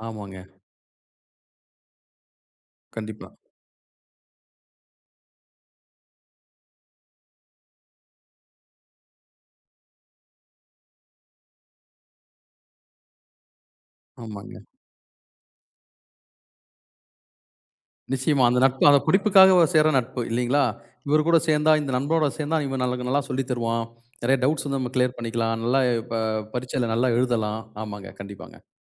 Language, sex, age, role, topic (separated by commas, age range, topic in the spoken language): Tamil, male, 40-44, host, புதிய ஒருவரை நண்பனாக்க எப்படிப் பேசத் தொடங்கலாம்?